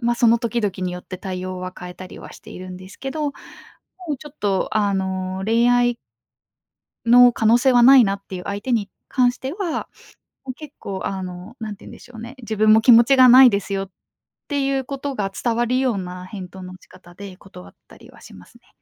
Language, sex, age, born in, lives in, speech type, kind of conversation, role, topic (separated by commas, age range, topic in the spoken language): Japanese, female, 25-29, Japan, Japan, conversation, podcast, guest, 断るときの勇気は、どうやって出していますか？